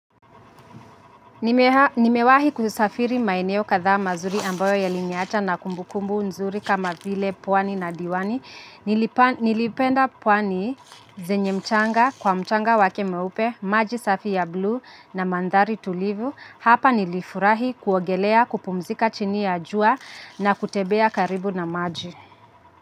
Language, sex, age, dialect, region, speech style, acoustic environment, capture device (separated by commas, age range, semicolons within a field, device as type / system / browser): Swahili, female, 25-34, Kenya - Nairobi Sheng-influenced, Kenya, happy, traffic, mobile / Linux / Chrome